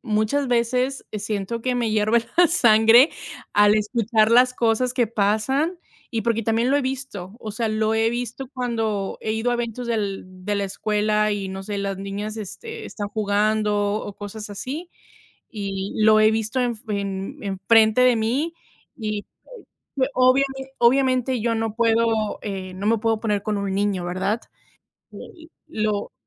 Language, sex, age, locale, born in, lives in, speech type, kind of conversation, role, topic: Spanish, female, 30-34, es-MX, Mexico, United States, conversation, unstructured, none, ¿Por qué hay tantos problemas de acoso escolar en las escuelas?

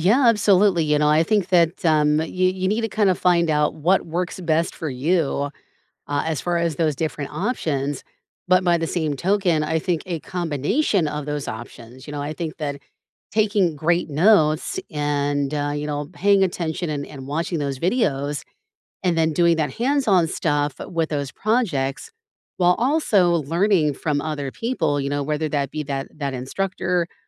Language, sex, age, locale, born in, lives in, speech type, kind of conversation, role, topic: English, female, 45-49, en-US, United States, United States, conversation, unstructured, none, How do you learn best: by taking notes, watching videos, doing projects, or learning from others?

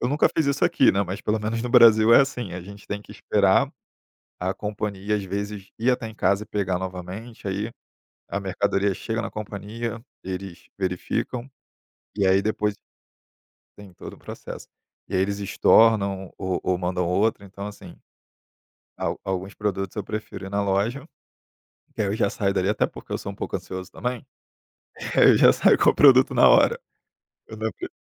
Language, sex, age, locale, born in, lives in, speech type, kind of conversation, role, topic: Portuguese, male, 30-34, pt-BR, Brazil, Germany, conversation, podcast, guest, Como você faz as compras e planeja as refeições?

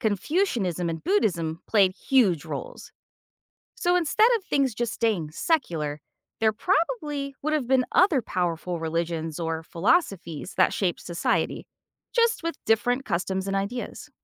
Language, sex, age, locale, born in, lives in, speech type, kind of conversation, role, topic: English, female, 45-49, en-US, United States, United States, read, expert-assistant, expert, How would the world differ without a major religion?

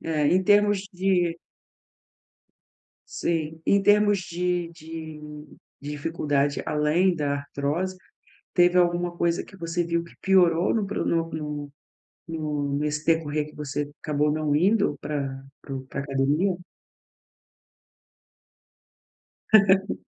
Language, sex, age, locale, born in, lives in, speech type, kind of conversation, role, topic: Portuguese, female, 40-44, pt-BR, Brazil, Portugal, conversation, advice, advisor, Como posso lidar com a frustração quando tenho um retrocesso no treino?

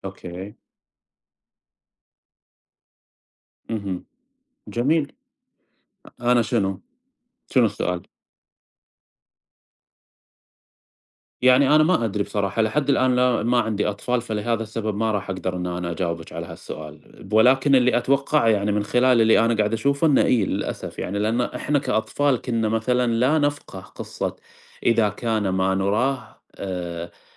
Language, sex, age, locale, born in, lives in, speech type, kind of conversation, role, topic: Arabic, male, 30-34, ar-SA, Saudi Arabia, United States, conversation, unstructured, none, إيه اللي بيميز أفلام الرسوم المتحركة عن باقي الأفلام؟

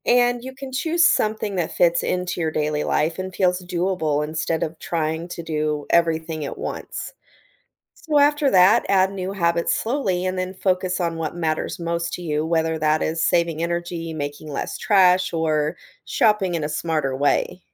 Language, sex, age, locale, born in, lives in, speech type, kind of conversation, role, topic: English, female, 40-44, en-US, United States, United States, read, expert-assistant, expert, How does learning about the environment affect your daily habits?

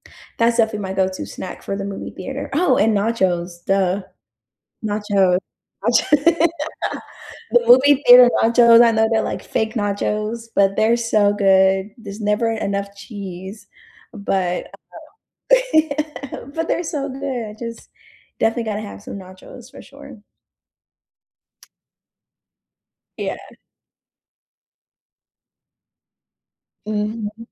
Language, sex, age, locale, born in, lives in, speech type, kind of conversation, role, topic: English, female, 30-34, en-US, United States, United States, conversation, unstructured, none, What snacks make movie night perfect for you, and what movie-theater hot takes spark friendly debates?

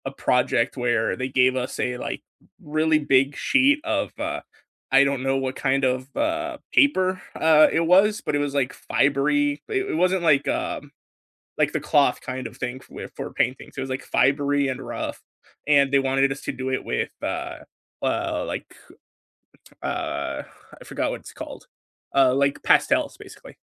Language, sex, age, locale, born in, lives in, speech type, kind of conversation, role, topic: English, male, 20-24, en-US, United States, United States, conversation, unstructured, none, Which class project are you proudest of, what did it teach you, and why does it still matter to you?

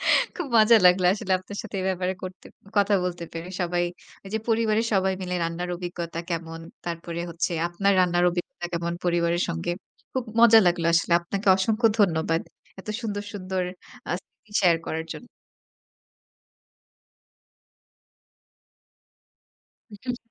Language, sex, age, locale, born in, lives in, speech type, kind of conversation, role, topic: Bengali, female, 25-29, bn-BD, Bangladesh, Bangladesh, conversation, podcast, host, পরিবারের সবাই মিলে রান্না করার অভিজ্ঞতা আপনার কেমন ছিল?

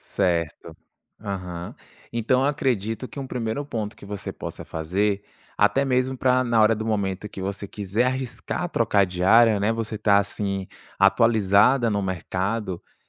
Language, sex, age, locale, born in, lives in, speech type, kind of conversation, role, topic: Portuguese, male, 25-29, pt-BR, Brazil, France, conversation, advice, advisor, Como posso mudar de carreira sem deixar que o medo de arriscar me impeça?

